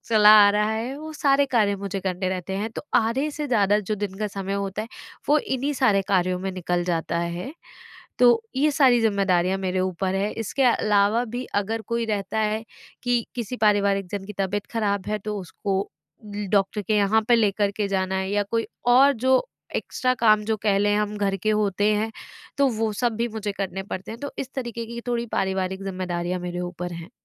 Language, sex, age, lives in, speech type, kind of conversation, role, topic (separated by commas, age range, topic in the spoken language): Hindi, female, 25-29, India, conversation, advice, user, मैं अपनी व्यक्तिगत इच्छाओं और पारिवारिक जिम्मेदारियों के बीच संतुलन कैसे बनाऊँ?